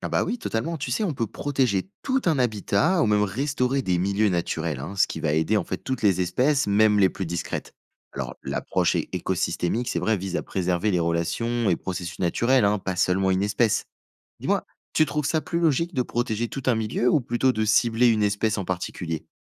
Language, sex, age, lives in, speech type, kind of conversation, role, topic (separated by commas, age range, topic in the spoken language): French, male, 20-24, France, read, expert-assistant, expert, Faut-il laisser l’évolution suivre son cours ou intervenir pour aider certaines espèces ?